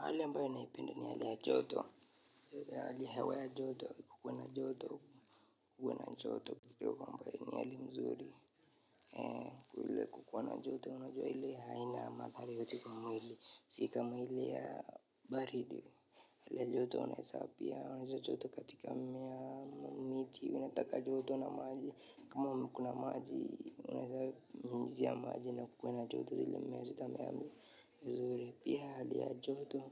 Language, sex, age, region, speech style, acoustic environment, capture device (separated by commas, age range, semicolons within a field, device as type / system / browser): Swahili, male, 25-34, Kenya, relaxed, nature, mobile / Linux / Chrome